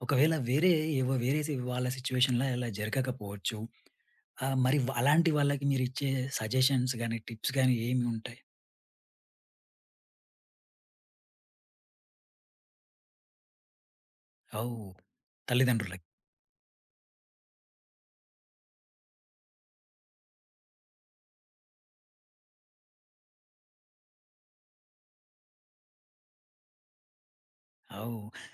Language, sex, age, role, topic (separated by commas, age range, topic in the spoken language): Telugu, male, 30-34, host, ఒక తప్పు చేసిన తర్వాత నమ్మకాన్ని మళ్లీ ఎలా తిరిగి పొందాలి?